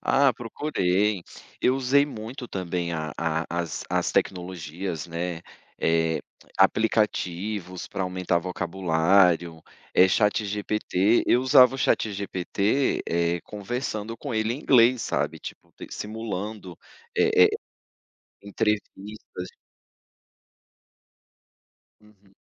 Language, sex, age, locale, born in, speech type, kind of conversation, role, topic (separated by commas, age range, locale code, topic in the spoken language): Portuguese, male, 35-39, pt-BR, Brazil, conversation, podcast, guest, Como você lida com a frustração durante o aprendizado?